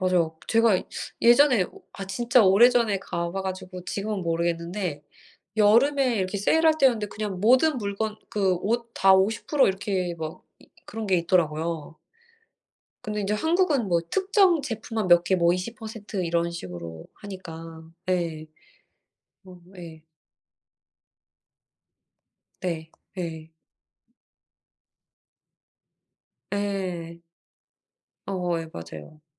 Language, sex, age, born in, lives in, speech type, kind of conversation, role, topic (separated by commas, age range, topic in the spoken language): Korean, female, 40-44, South Korea, United States, conversation, unstructured, none, 온라인 쇼핑과 오프라인 쇼핑 중 어떤 것을 더 선호하시나요?